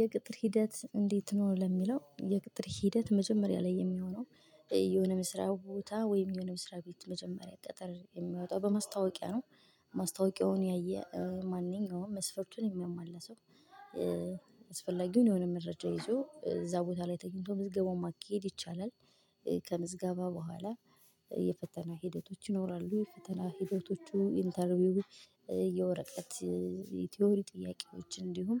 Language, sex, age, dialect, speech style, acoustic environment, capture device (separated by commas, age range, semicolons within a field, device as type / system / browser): Amharic, female, 25-34, Ethiopia - Gojjam, happy; excited, silence, mobile / Linux / Chrome